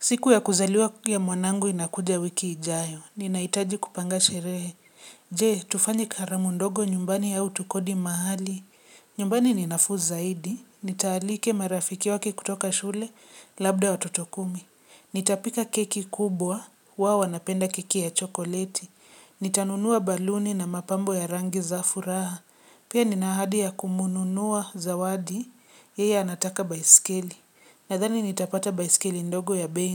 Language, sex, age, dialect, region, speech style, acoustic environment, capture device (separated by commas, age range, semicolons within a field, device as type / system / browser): Swahili, female, 25-34, Kenya - Nairobi Sheng-influenced, Kenya, relaxed; focused, silence; people talking, mobile / Linux / Chrome